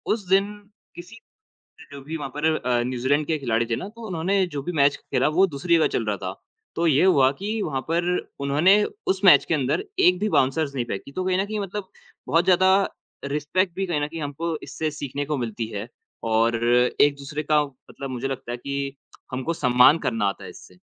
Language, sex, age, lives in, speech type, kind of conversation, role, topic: Hindi, male, 20-24, India, conversation, unstructured, none, आपका पसंदीदा खेल कौन सा है और क्यों?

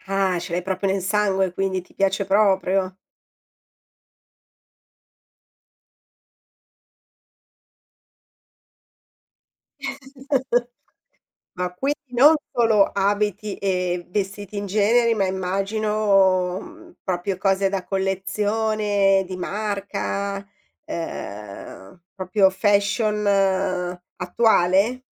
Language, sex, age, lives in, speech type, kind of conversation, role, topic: Italian, female, 50-54, Italy, conversation, podcast, host, Quanto influenza Instagram il tuo modo di vestirti?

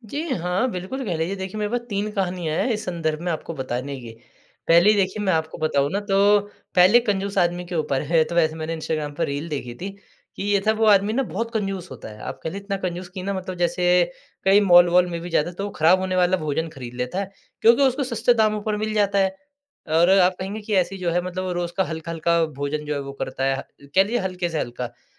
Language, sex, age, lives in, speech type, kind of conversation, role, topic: Hindi, male, 20-24, India, conversation, podcast, guest, काम और घर के बीच संतुलन आप कैसे बनाते हैं?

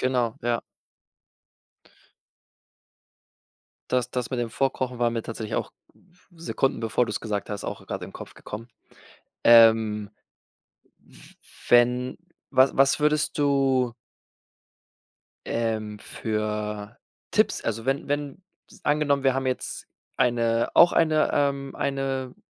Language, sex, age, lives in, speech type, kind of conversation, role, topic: German, male, 25-29, Spain, conversation, podcast, host, Wie findest du wieder zu dir, wenn alles zu viel wird?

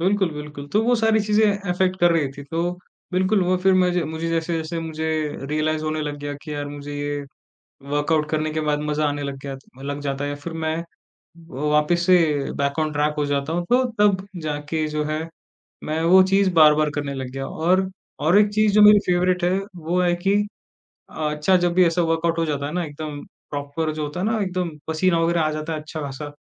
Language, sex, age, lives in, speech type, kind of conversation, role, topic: Hindi, male, 20-24, India, conversation, podcast, guest, Kabhi aapne burnout mehsoos kiya hai, aur usse kaise ubhre?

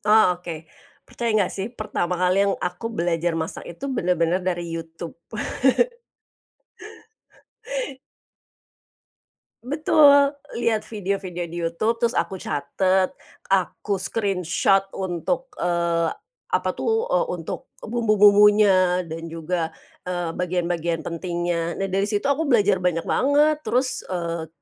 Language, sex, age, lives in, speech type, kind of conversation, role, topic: Indonesian, female, 45-49, Indonesia, conversation, podcast, guest, Pernah mengalami kegagalan saat memasak, dan apa ceritanya?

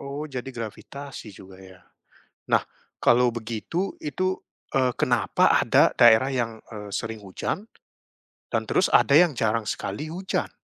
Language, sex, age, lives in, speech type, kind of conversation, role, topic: Indonesian, male, 30-34, Netherlands, read, expert-assistant, asker, Apa yang menyebabkan hujan turun?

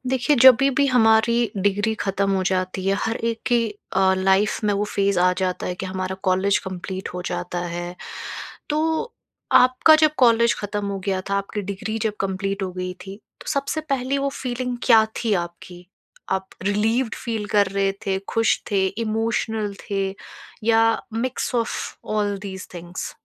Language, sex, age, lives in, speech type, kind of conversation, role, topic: Hindi, female, 25-29, India, conversation, podcast, host, Graduation ya degree complete karne ka pal aapke liye kaisa tha?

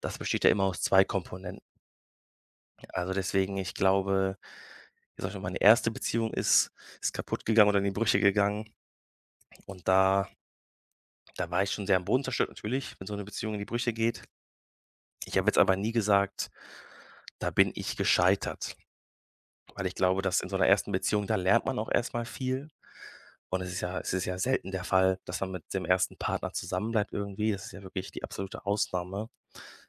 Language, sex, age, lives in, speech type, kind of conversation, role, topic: German, male, 25-29, Germany, conversation, podcast, guest, Wie findest du nach einem Scheitern neuen Mut?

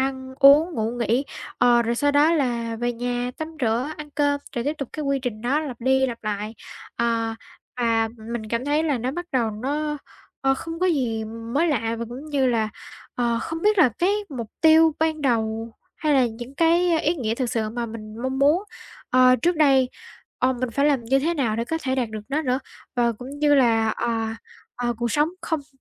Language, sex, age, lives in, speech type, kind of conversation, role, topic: Vietnamese, female, 18-19, Vietnam, conversation, advice, user, Bạn có đang cảm thấy cuộc sống hằng ngày tẻ nhạt và thiếu ý nghĩa không?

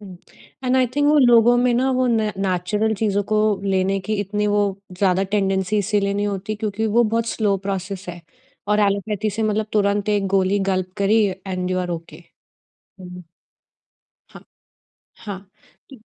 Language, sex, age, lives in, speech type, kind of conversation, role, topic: Hindi, female, 30-34, India, conversation, podcast, host, Tum apne badan ko shaant karne ke liye sabse zyada kya karte ho?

